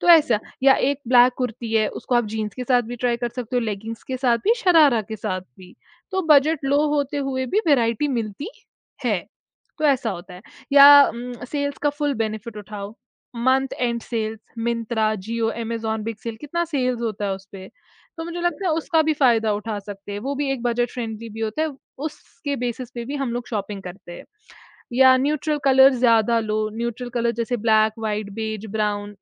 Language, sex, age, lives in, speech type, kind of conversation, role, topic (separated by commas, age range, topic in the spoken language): Hindi, female, 20-24, India, conversation, podcast, guest, Festivals ke liye outfit choose karne ka tumhara process kya hota hai?